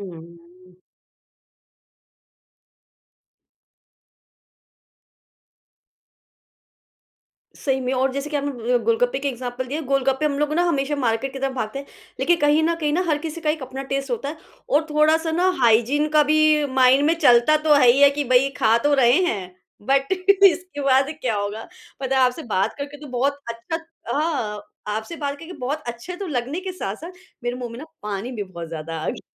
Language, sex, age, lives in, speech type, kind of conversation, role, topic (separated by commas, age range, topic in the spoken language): Hindi, female, 35-39, India, conversation, podcast, host, Kaunsi chhoti si jeet tumhe sabse zyada confidence deti hai?